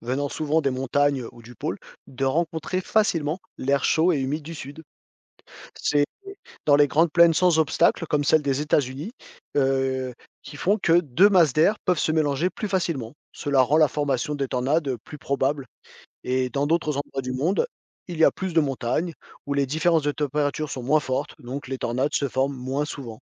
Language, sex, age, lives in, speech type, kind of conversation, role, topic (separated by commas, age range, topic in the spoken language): French, male, 40-44, France, read, expert-assistant, expert, Comment les tornades se forment-elles ?